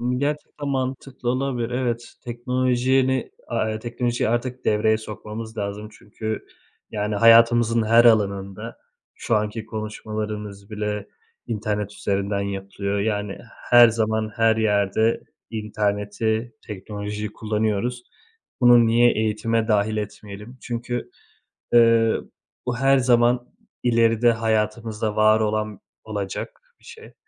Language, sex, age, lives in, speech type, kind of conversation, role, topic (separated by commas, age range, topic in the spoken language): Turkish, male, 30-34, Italy, conversation, unstructured, none, Eğitim sisteminde değişiklik yapılmalı mı?